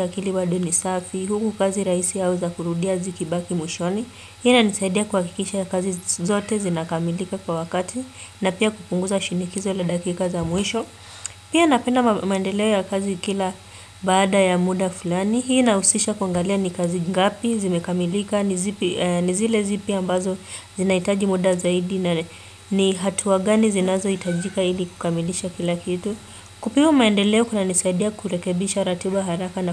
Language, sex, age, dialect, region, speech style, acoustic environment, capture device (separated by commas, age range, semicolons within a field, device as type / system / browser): Swahili, female, 25-34, Kenya - Mombasa, Kenya, relaxed, silence, desktop / Windows / Chrome